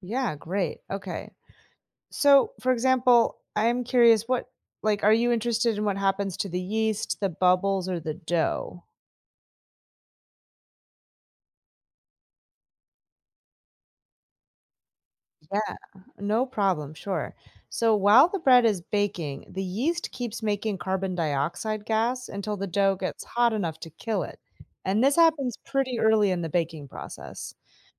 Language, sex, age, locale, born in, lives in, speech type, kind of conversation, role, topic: English, female, 35-39, en-US, United States, United States, read, expert-assistant, expert, Why does bread rise during baking?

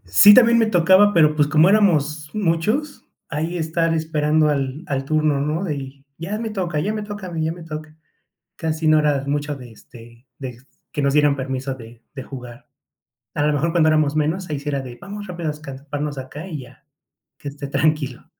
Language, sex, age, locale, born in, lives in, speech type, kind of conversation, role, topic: Spanish, male, 30-34, es-MX, Mexico, Mexico, conversation, podcast, guest, ¿Cómo celebraban las fiestas en tu familia cuando eras niño?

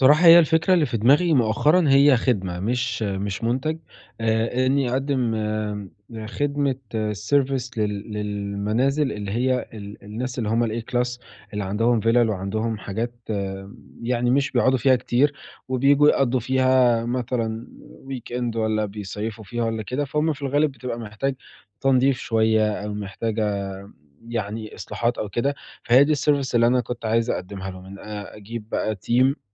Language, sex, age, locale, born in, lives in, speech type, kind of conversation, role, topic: Arabic, male, 20-24, ar-EG, Egypt, Italy, conversation, advice, user, إزاي أبدأ شركة بموارد محدودة وبميزانية صغيرة وبوقت قليل؟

